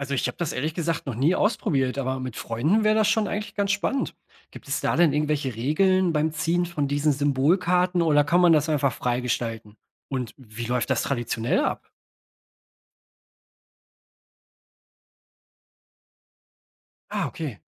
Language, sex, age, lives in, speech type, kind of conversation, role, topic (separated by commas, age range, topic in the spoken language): German, male, 30-34, Germany, read, expert-assistant, asker, Was kann ich von anderen Religionen lernen, wenn ich vor einer wichtigen Entscheidung stehe?